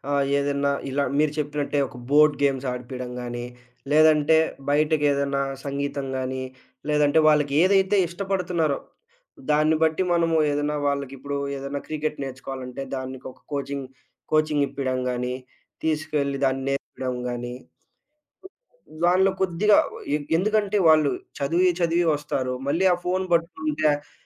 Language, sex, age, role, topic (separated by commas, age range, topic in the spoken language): Telugu, male, 20-24, guest, పిల్లలకు స్క్రీన్ టైమ్ గురించి ఏ నియమాలు పెట్టతావు?